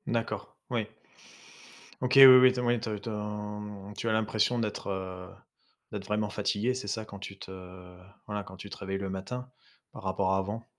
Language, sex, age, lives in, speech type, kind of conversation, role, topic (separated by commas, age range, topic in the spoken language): French, male, 35-39, France, conversation, advice, advisor, Comment puis-je améliorer ma routine de sommeil pour me réveiller plus énergique chaque matin ?